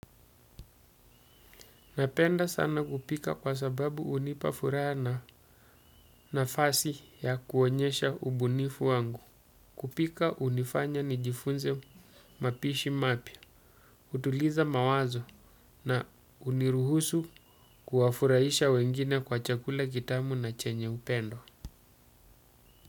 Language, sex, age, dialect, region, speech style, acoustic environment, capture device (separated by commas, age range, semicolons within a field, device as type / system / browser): Swahili, male, 35-44, Kenya - Mombasa, Kenya, focused, silence, desktop / Windows / Chrome